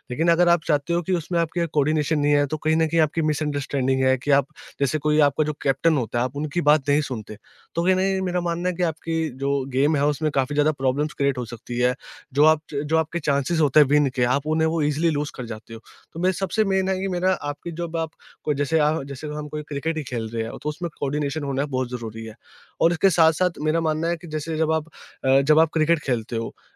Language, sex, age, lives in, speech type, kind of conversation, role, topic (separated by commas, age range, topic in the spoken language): Hindi, male, 20-24, India, conversation, podcast, guest, Kya tumhara koi purana shauk hai jo aaj bhi tumhe khush kar deta hai?